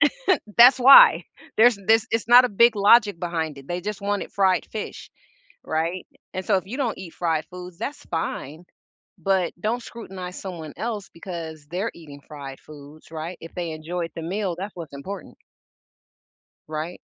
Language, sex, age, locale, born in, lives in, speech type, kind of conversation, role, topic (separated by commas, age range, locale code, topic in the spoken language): English, female, 50-54, en-US, United States, United States, conversation, unstructured, none, How should I respond when people judge me for what I eat?